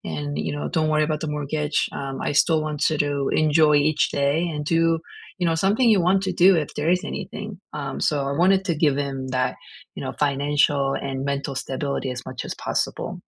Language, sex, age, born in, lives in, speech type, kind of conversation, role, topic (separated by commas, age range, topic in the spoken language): English, female, 40-44, South Korea, United States, conversation, unstructured, none, How are you adapting and supporting each other’s career growth in a changing economy?